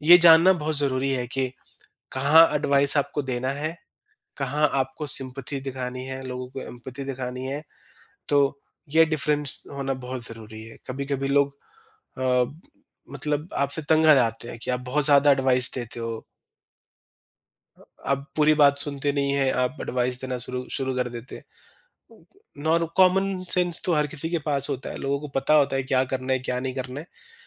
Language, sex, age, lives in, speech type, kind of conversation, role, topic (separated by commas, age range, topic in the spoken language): Hindi, male, 30-34, India, conversation, podcast, guest, Apne jazbaat ek taraf rakhkar aap dhang se kaise sunte ho?